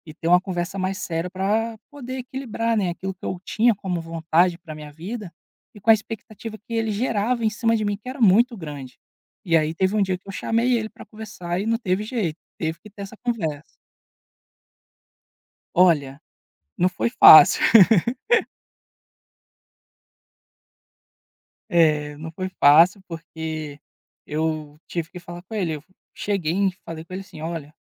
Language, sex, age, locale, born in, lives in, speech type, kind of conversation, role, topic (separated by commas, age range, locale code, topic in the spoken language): Portuguese, male, 25-29, pt-BR, Brazil, Spain, conversation, podcast, guest, Como equilibrar suas vontades com as expectativas familiares?